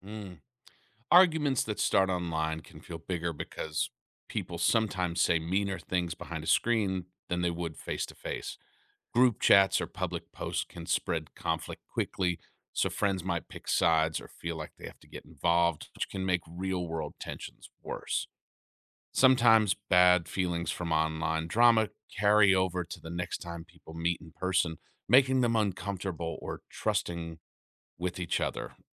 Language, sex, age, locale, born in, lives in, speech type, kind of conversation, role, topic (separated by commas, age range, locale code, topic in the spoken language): English, male, 35-39, en-US, United States, United States, read, expert-assistant, expert, How does social media affect personal relationships?